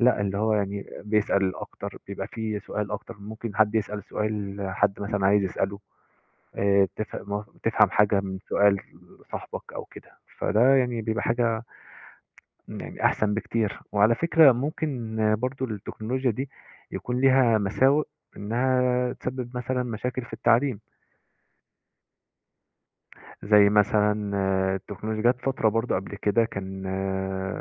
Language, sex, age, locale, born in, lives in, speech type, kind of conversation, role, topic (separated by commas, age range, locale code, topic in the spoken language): Arabic, male, 20-24, ar-EG, Egypt, Egypt, conversation, unstructured, none, إزاي التكنولوجيا ممكن تحسّن التعليم؟